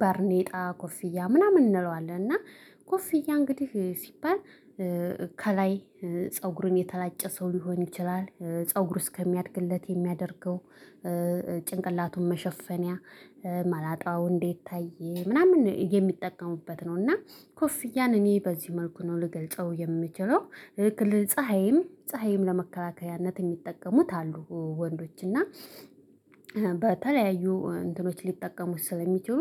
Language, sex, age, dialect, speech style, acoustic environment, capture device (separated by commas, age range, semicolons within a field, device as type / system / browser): Amharic, female, 25-34, Ethiopia - Addis Ababa, happy; excited, people talking, mobile / Linux / Chrome